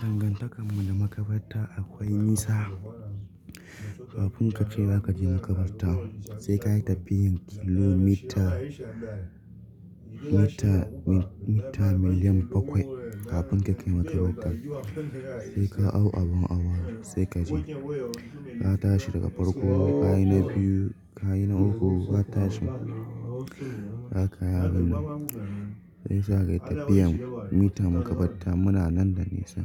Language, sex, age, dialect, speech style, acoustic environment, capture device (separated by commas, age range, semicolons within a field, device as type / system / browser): Hausa, male, 25-34, Nigeria - Nigerian Standard, happy, people talking, mobile / Linux / Chrome